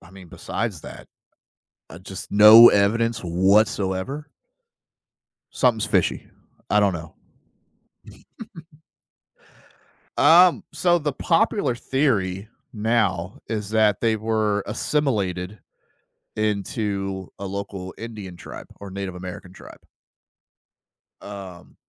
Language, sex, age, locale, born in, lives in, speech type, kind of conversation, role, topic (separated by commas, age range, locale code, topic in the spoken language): English, male, 30-34, en-US, United States, United States, conversation, podcast, guest, How did your favorite school subject influence who you are today?